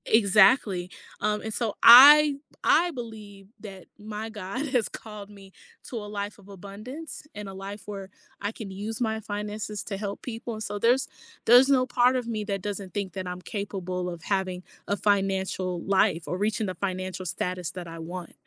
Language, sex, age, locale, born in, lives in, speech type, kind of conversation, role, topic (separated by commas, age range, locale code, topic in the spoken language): English, female, 30-34, en-US, United States, United States, conversation, podcast, guest, What steps can help someone create financial goals they can actually achieve?